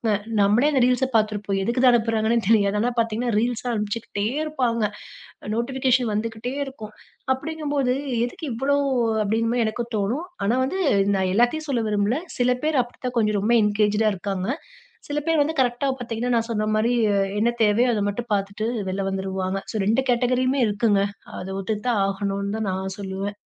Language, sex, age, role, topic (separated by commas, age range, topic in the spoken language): Tamil, female, 30-34, guest, ஒரு நாள் முழுக்க மொபைல் இல்லாம இருந்தால் என்னென்ன மாற்றங்கள் நடக்கும்?